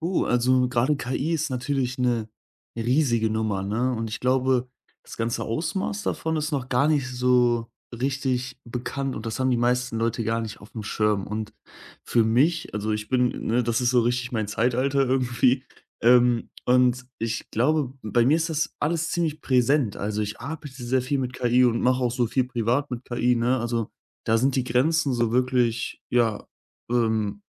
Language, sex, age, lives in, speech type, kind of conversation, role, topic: German, male, 20-24, Germany, conversation, podcast, guest, Wie siehst du die Rolle von KI im Alltag heute?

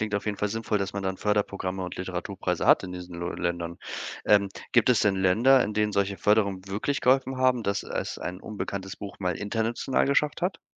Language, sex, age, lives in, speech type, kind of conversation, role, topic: German, male, 25-29, Germany, read, expert-assistant, asker, Warum sind manche Bücher in einem Land Bestseller und in einem anderen kaum bekannt?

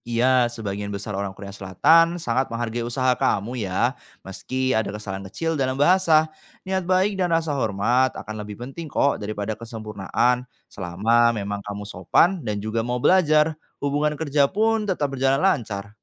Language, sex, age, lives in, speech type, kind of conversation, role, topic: Indonesian, male, 25-29, Indonesia, read, expert-assistant, expert, Apa yang bisa kita pelajari dari cara orang meminta maaf di berbagai bahasa untuk membangun hubungan yang lebih baik?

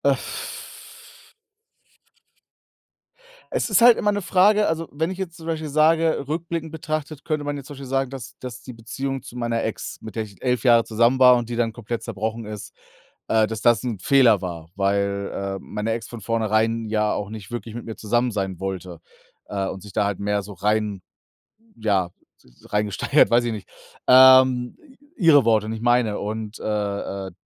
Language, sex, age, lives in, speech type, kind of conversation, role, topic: German, male, 35-39, Germany, conversation, unstructured, none, Was können wir aus den Fehlern der Vergangenheit lernen?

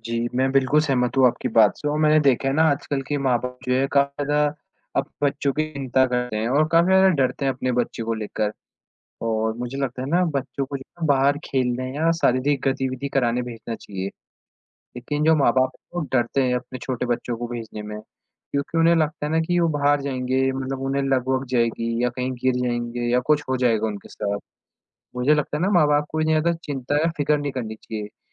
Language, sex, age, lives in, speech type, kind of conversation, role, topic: Hindi, male, 18-19, India, conversation, unstructured, none, आपको कौन सा शौक सबसे ज़्यादा खुशी देता है?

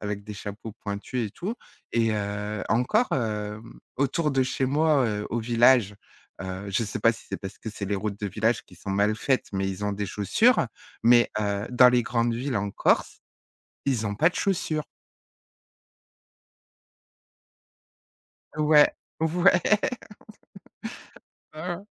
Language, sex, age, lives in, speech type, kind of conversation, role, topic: French, female, 40-44, France, conversation, podcast, guest, As-tu un souvenir marquant d’une marche des fiertés ou d’un rassemblement ?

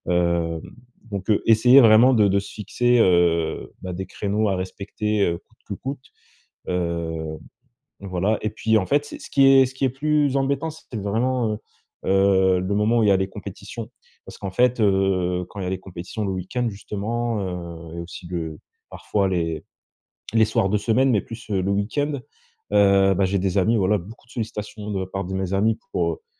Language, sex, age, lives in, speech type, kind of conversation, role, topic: French, male, 25-29, France, conversation, advice, user, Comment réduire les distractions lorsque je profite de mes loisirs ?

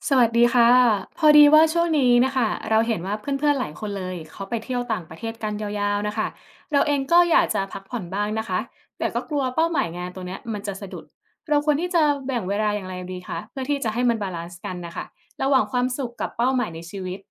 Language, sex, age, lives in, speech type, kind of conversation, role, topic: Thai, female, 25-29, Thailand, read, expert-assistant, asker, ควรสร้างสมดุลระหว่างการท่องเที่ยวเพื่อพักผ่อนกับการใช้เวลาสำหรับเป้าหมายชีวิตส่วนตัวอย่างไรดี?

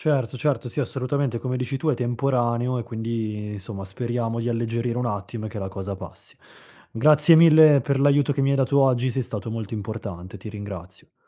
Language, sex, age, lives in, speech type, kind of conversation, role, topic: Italian, male, 30-34, Italy, conversation, advice, user, Come posso affrontare la mancanza di supporto emotivo da parte del mio partner durante i periodi difficili?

